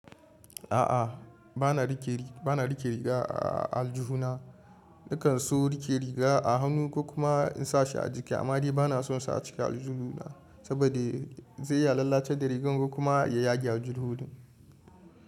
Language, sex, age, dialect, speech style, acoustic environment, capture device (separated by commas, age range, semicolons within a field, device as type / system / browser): Hausa, male, 25-34, Nigeria - Kano, relaxed, people talking, mobile / Linux / Chrome